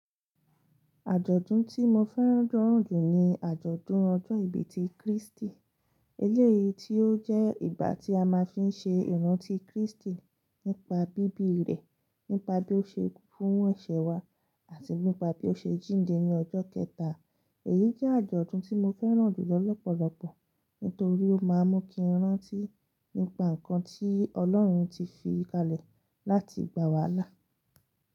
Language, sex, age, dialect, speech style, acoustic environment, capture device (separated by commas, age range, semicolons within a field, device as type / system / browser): Yoruba, female, 25-34, Nigeria - Lagos Yoruba, relaxed, silence, mobile / Linux / Chrome